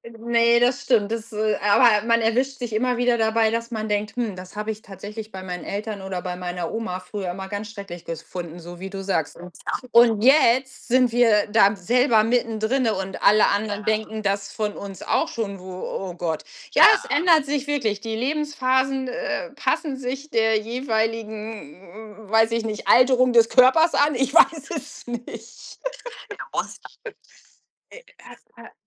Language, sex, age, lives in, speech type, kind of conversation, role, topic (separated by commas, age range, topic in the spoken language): German, female, 45-49, Germany, conversation, unstructured, none, Was machst du, damit du abends gut runterkommen kannst?